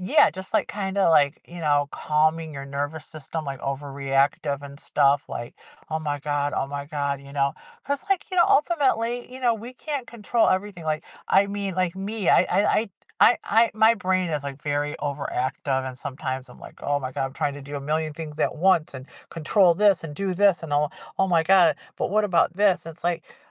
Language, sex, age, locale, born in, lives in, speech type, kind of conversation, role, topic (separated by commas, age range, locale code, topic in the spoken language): English, female, 55-59, en-US, United States, United States, conversation, podcast, guest, What practical strategies help you handle stress in your daily routine?